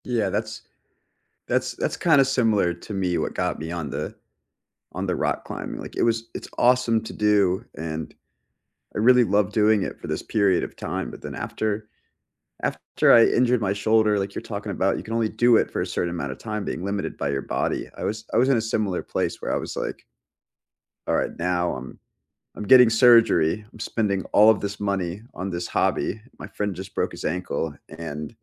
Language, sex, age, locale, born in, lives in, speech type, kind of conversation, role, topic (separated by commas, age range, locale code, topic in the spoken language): English, male, 25-29, en-US, United States, United States, conversation, unstructured, none, What hobby have you tried but gave up on quickly?